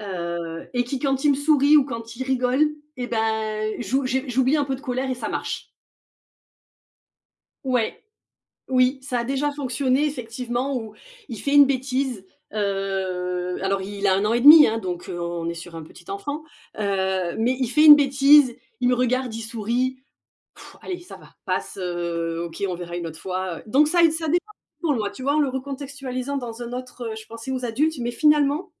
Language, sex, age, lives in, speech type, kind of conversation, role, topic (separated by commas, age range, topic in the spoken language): French, female, 35-39, France, conversation, unstructured, none, Comment un sourire peut-il changer l’ambiance d’une dispute ?